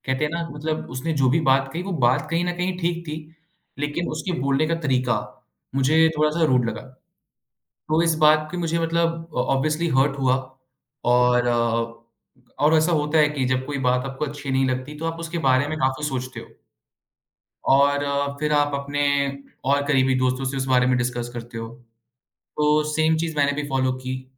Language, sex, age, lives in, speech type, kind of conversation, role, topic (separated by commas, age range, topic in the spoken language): Hindi, male, 25-29, India, conversation, podcast, guest, Kabhi kisi ke bolne ka tone/lehja sunke aapne uski baat ko galat samajh liya ho?